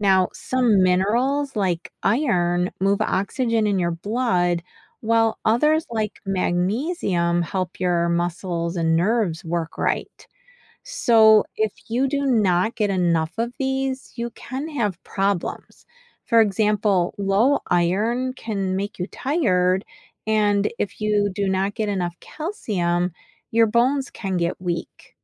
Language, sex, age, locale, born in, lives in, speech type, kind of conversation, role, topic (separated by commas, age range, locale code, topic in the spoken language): English, female, 50-54, en-US, United States, United States, read, expert-assistant, expert, Why do we need vitamins and minerals in our diet?